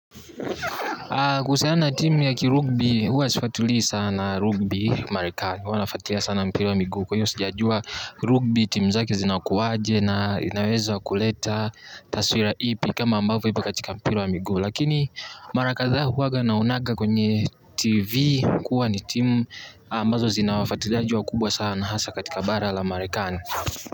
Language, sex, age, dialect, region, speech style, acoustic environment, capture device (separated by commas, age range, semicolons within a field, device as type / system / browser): Swahili, male, 18-24, Tanzania - Dar es Salaam, Tanzania, happy, nature, mobile / Linux / Chrome